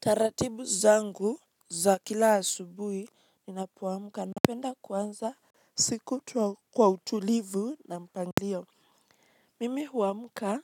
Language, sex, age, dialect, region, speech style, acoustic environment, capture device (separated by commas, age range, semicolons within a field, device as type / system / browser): Swahili, female, 18-24, Kenya - Mombasa, Kenya, relaxed; focused, nature, mobile / Linux / Chrome